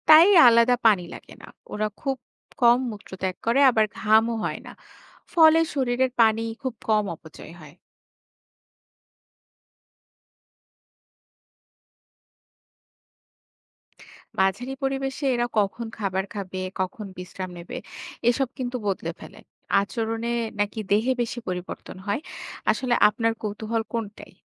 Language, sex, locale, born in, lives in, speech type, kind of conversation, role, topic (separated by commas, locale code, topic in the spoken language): Bengali, female, bn-BD, Bangladesh, Bangladesh, read, expert-assistant, expert, কেন কিছু প্রাণী ডাঙায় উঠে এলো, আর কিছু জলে থেকে গেল?